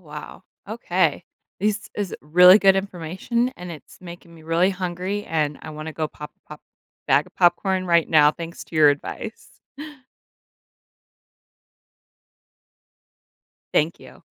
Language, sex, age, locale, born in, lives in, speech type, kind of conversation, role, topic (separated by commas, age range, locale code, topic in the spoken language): English, female, 30-34, en-US, United States, United States, read, expert-assistant, asker, How can you ensure popcorn pops well and stays fresh?